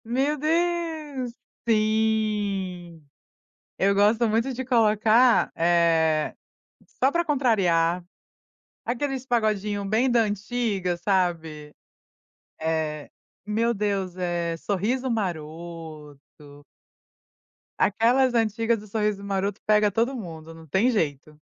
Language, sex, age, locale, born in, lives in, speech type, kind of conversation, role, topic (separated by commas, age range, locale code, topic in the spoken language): Portuguese, female, 30-34, pt-BR, Brazil, United States, conversation, podcast, host, O que normalmente te faz revisitar músicas antigas?